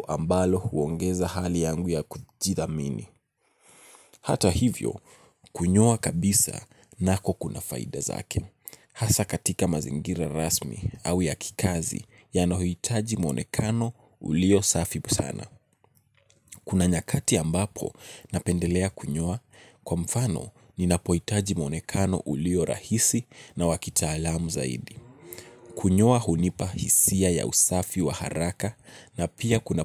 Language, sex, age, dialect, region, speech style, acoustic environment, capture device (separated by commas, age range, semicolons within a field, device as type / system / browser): Swahili, male, 18-24, Kenya - Nairobi Sheng-influenced, Kenya, relaxed; stressed, nature; appliances, mobile / Linux / Chrome